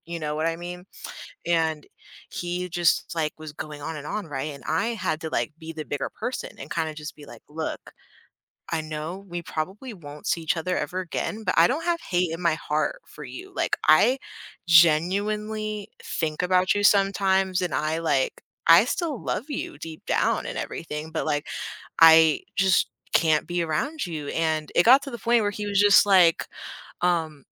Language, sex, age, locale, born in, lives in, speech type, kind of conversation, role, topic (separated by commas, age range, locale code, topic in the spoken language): English, female, 18-19, en-US, United States, United States, conversation, podcast, guest, How do you approach resolving conflicts in different areas of your life?